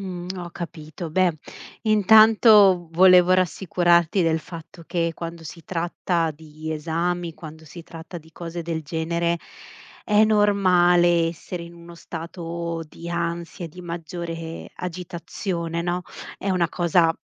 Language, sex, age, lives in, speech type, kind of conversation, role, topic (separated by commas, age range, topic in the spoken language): Italian, female, 35-39, Italy, conversation, advice, advisor, Perché stai procrastinando su un progetto importante pur conoscendone le conseguenze?